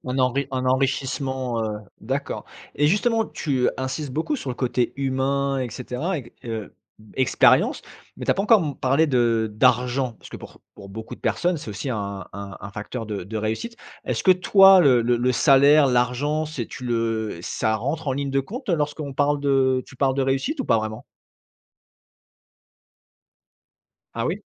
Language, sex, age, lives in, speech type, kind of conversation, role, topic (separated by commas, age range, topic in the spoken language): French, male, 35-39, France, conversation, podcast, host, Pour toi, qu’est-ce que ça veut dire réussir, vraiment ?